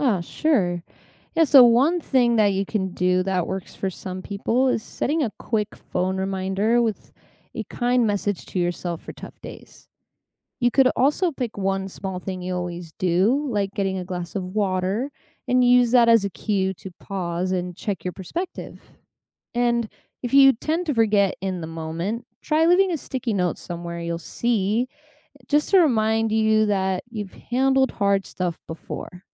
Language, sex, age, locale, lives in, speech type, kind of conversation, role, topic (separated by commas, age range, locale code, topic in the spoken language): English, female, 25-29, en-US, United States, read, expert-assistant, expert, What advice would you give your past self yearly?